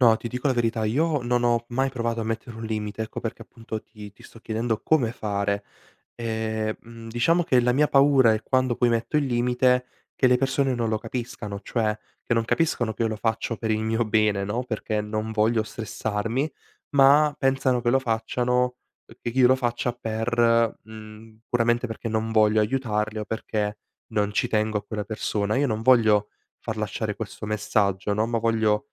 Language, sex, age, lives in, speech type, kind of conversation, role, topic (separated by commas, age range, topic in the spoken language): Italian, male, 18-19, Italy, conversation, advice, user, Come posso imparare a dire di no senza sentirmi sopraffatto?